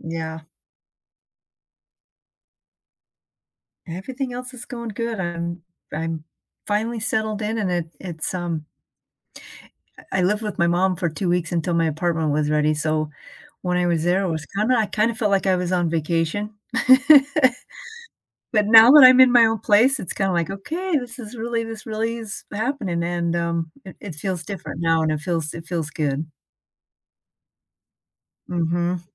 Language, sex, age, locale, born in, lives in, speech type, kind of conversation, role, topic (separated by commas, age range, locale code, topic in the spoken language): English, female, 55-59, en-US, United States, United States, conversation, advice, user, How can I cope after a sudden breakup of a long relationship?